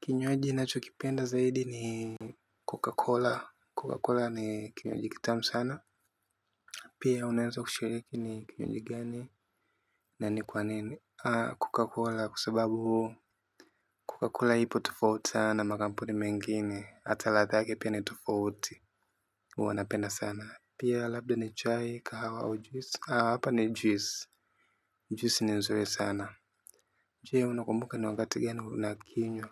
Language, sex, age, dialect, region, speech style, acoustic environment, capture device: Swahili, male, 18-24, Tanzania - Dar es Salaam, Tanzania, happy; focused, silence, mobile / Linux / Chrome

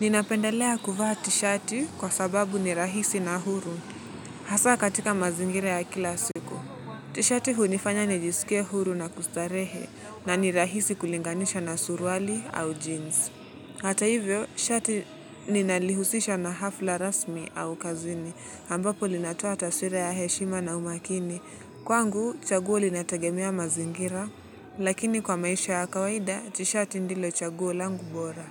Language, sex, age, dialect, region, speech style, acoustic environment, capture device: Swahili, male, 18-24, Kenya - Nairobi Sheng-influenced, Kenya, relaxed, nature; music, mobile / Linux / Chrome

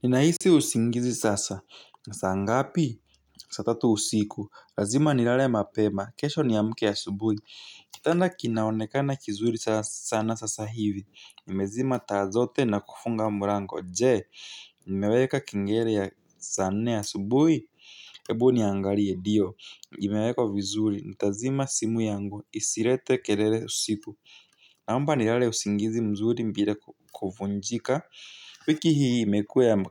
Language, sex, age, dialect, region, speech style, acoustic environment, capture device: Swahili, male, 18-24, Kenya - Nairobi Sheng-influenced, Kenya, happy; focused, nature; appliances, mobile / Linux / Chrome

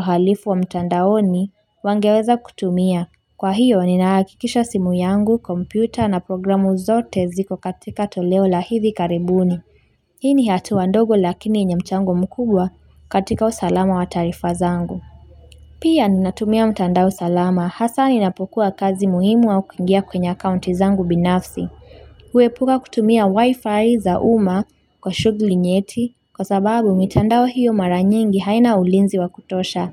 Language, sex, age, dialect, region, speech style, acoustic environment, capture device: Swahili, female, 25-34, Kenya - Mombasa, Kenya, focused; relaxed, silence, mobile / Linux / Chrome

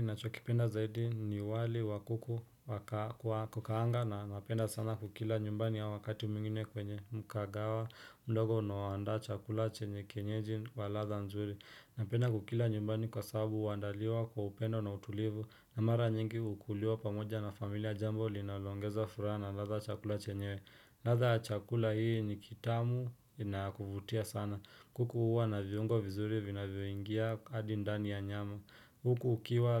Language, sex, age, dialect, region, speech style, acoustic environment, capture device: Swahili, male, 25-34, Kenya - Nairobi Sheng-influenced, Kenya, relaxed, silence, desktop / Windows / Chrome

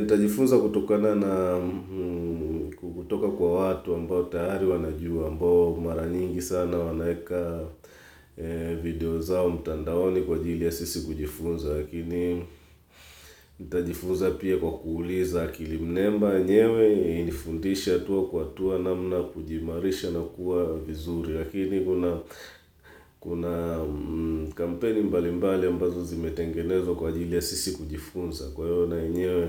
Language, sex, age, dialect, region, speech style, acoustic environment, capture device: Swahili, male, 35-44, Tanzania - Dar es Salaam, Tanzania, focused, silence, mobile / Linux / Chrome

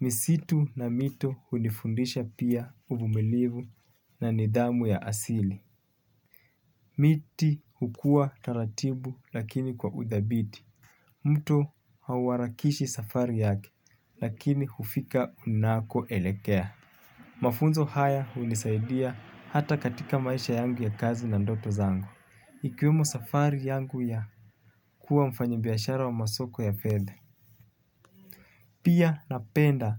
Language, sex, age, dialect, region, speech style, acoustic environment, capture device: Swahili, male, 25-34, Kenya - Mombasa, Kenya, happy; focused; relaxed, nature; traffic, mobile / Linux / Chrome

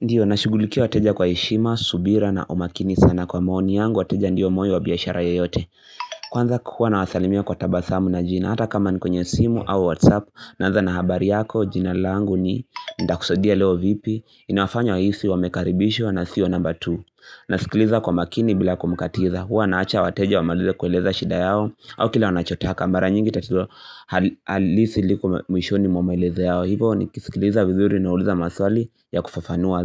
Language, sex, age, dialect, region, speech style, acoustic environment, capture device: Swahili, male, 18-24, Kenya - Nairobi Sheng-influenced, Kenya, happy; excited, silence, mobile / Linux / Chrome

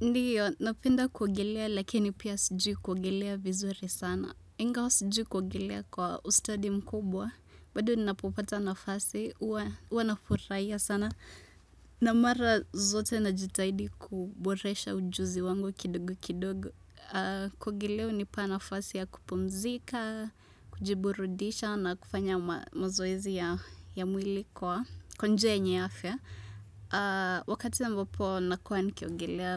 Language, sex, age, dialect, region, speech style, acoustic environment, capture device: Swahili, female, 25-34, Kenya - Nairobi Sheng-influenced, Kenya, relaxed, silence, desktop / Windows / Chrome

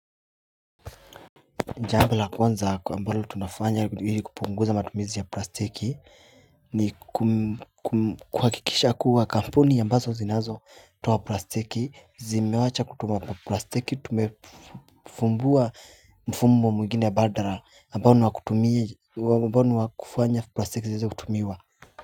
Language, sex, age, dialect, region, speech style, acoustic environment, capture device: Swahili, male, 25-34, Kenya - Nairobi Sheng-influenced, Kenya, focused, appliances, mobile / Linux / Chrome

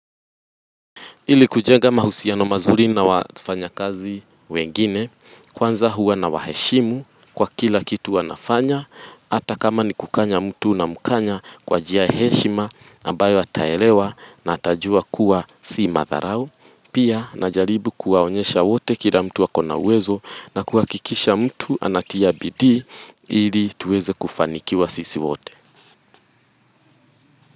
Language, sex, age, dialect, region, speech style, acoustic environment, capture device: Swahili, female, 25-34, Kenya - Nairobi Sheng-influenced, Kenya, happy, people talking; music; nature, mobile / Linux / Chrome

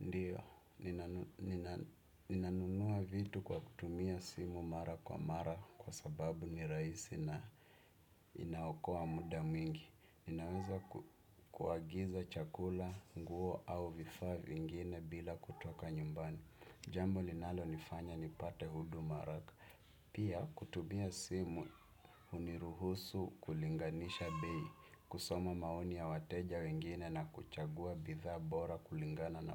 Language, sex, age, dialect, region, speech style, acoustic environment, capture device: Swahili, male, 25-34, Kenya - Nairobi Sheng-influenced, Kenya, happy; excited, people talking, desktop / Windows / Chrome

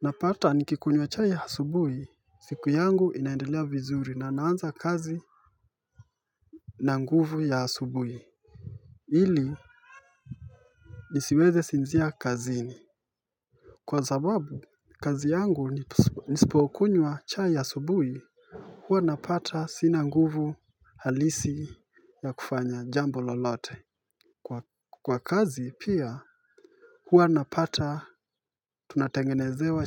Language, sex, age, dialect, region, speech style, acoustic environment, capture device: Swahili, male, 25-34, Kenya - Mombasa, Kenya, relaxed, nature, mobile / Linux / Chrome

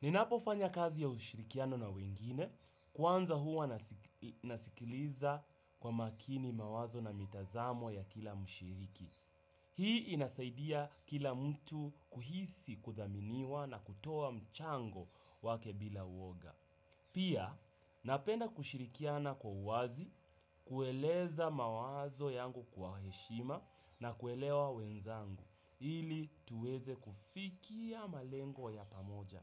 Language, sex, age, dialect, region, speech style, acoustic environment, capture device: Swahili, male, 18-24, Kenya - Nairobi Sheng-influenced, Kenya, happy; relaxed; focused; excited, people talking; music; nature; silence; appliances; construction; traffic, desktop / Windows / Chrome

